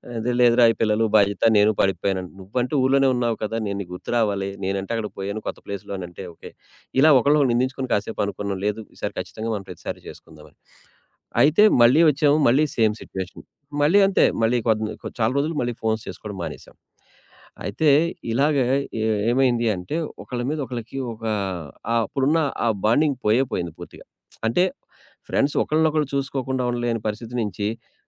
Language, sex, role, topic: Telugu, male, guest, దూరమైన సంబంధాన్ని మళ్లీ ఎలా దగ్గర చేసుకోవచ్చు?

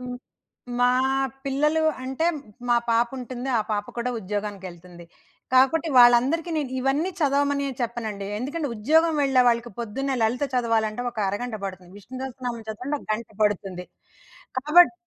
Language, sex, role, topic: Telugu, female, guest, మీరు ధ్యానం లేదా ప్రార్థనను అలవాటు చేసుకున్నారా, అలా చేయడం వల్ల మీ మనసు ఎలా అనిపిస్తుంది?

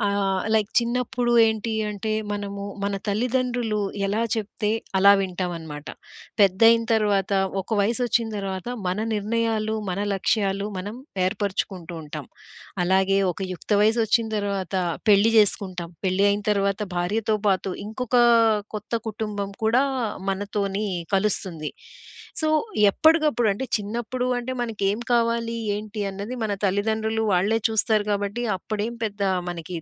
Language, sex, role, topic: Telugu, female, host, మీ కుటుంబ లక్ష్యాలు మీ నిర్ణయాలను ఎలా ప్రభావితం చేస్తాయి?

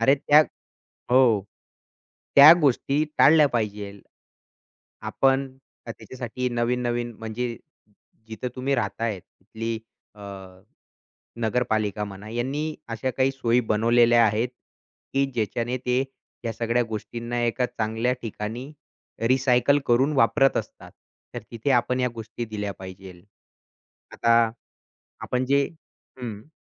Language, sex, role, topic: Marathi, male, guest, नदी स्वच्छ ठेवण्यासाठी एक सामान्य नागरिक काय करू शकतो?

